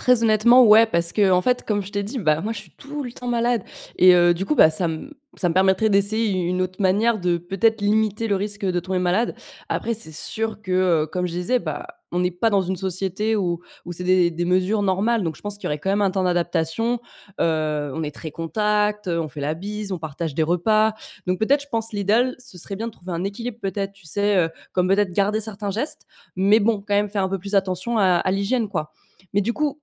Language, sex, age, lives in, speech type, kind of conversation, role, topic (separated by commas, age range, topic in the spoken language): French, female, 25-29, France, read, expert-assistant, asker, Y a-t-il des habitudes quotidiennes dans certaines cultures qui aident vraiment à se protéger des maladies ?